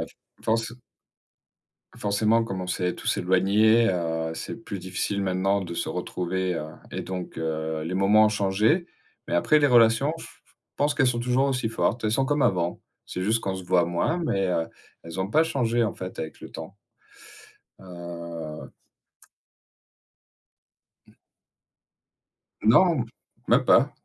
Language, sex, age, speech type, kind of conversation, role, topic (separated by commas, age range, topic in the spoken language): French, male, 40-44, conversation, unstructured, none, Quelle est ta façon préférée de passer du temps en famille ?